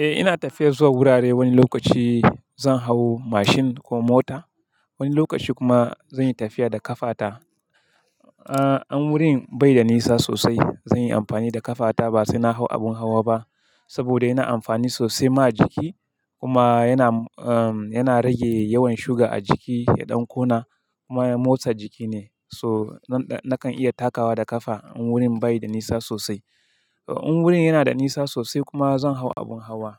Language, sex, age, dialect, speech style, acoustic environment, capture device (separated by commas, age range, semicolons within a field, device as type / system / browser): Hausa, male, 25-34, Nigeria - Kano, happy; excited; focused, silence; people talking, mobile / Linux / Chrome